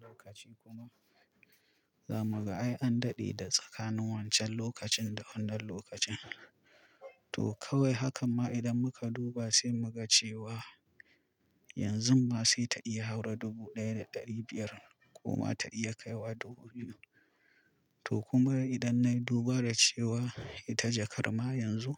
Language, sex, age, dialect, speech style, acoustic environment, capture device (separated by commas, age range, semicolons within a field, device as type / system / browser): Hausa, male, 25-34, Nigeria - Kano, focused, silence, mobile / Linux / Chrome